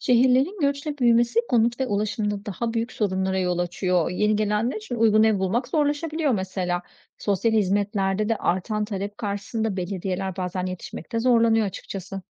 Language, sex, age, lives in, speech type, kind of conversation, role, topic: Turkish, female, 40-44, Greece, read, expert-assistant, expert, Bir ülkenin ekonomik olarak gelişmesi insanların hayatında neleri değiştirir? Bunu daha iyi anlamak için neler öğrenmeliyim?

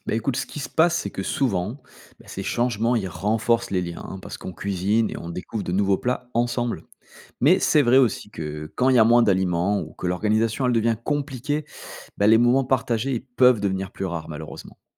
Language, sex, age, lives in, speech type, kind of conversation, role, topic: French, male, 35-39, France, read, expert-assistant, expert, Pourrais-tu donner un exemple dans la vie quotidienne où le changement climatique influence directement notre environnement ?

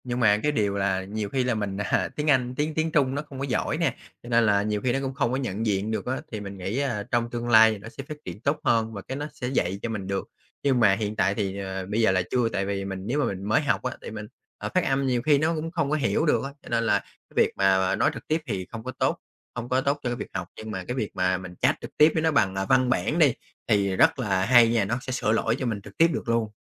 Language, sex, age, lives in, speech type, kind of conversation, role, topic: Vietnamese, male, 30-34, Vietnam, conversation, podcast, guest, Bạn nghĩ thế nào về việc sử dụng trí tuệ nhân tạo hằng ngày?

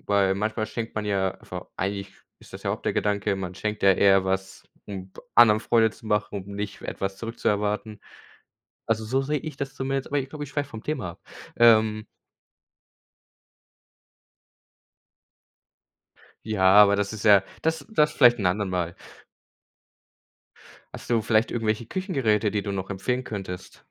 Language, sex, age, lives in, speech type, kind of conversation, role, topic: German, male, 18-19, Germany, conversation, unstructured, none, Was ist dein bester Tipp, um beim Kochen Zeit zu sparen?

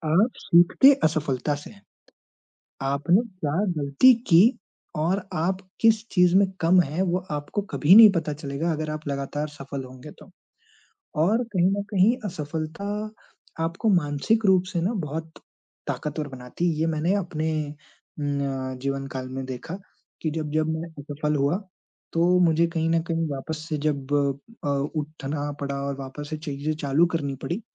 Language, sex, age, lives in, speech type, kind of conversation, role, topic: Hindi, male, 20-24, India, conversation, unstructured, none, आपके लिए सफलता का मतलब क्या है?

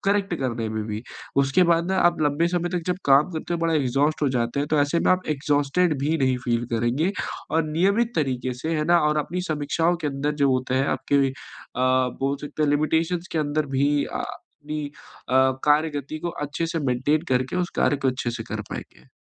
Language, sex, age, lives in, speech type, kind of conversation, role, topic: Hindi, male, 25-29, India, conversation, advice, advisor, मैं पहले से योजना बनाकर तात्कालिक और महत्वपूर्ण कामों को प्राथमिकता देकर तनाव कैसे कम कर सकता/सकती हूँ?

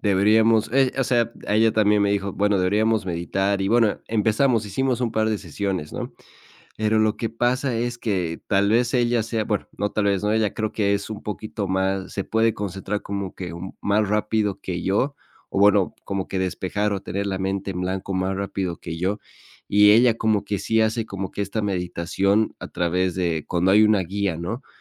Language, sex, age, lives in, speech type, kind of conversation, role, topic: Spanish, male, 25-29, Spain, conversation, advice, user, ¿Cómo puedo mantener la constancia al meditar cuando suelo dejarlo después de unas semanas?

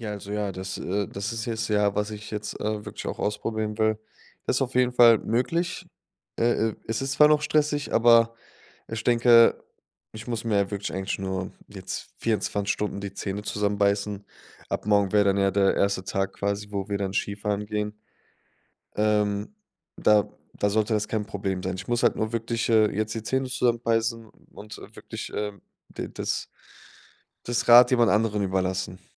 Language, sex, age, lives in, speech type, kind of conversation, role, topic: German, male, 25-29, Germany, conversation, advice, user, Wie plane ich eine stressfreie Reise, wenn ich nur wenig Zeit habe?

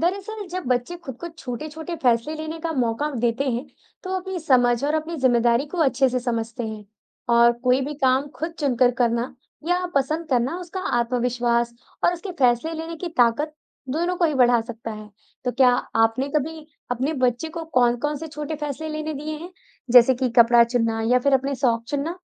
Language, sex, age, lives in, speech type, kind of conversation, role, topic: Hindi, female, 20-24, India, read, expert-assistant, expert, बच्चों में आत्मविश्वास कैसे बढ़ाएँ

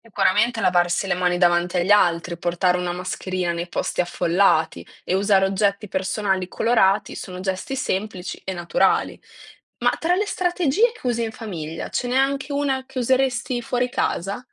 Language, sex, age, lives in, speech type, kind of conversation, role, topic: Italian, female, 20-24, Italy, read, expert-assistant, expert, Quali abitudini quotidiane posso adottare per proteggere la mia salute e quella della comunità, specialmente in periodi di epidemie o influenza stagionale?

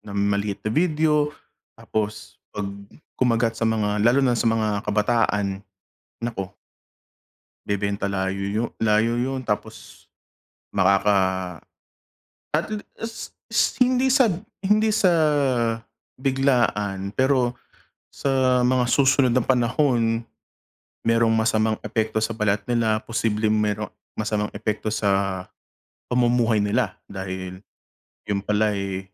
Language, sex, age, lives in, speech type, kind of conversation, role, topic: Filipino, male, 35-39, United States, conversation, unstructured, none, Ano ang nararamdaman mo kapag nalalaman mong pinagsasamantalahan ang agham para sa masasamang gawain?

